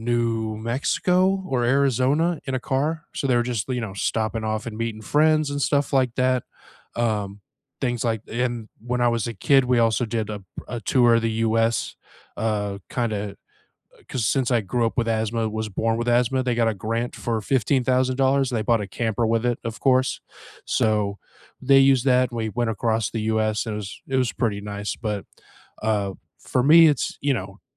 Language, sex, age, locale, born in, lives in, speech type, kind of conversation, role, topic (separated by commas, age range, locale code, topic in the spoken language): English, male, 35-39, en-US, United States, United States, conversation, unstructured, none, What road trip routes do you recommend, which playlists go with them, and why do they matter to you?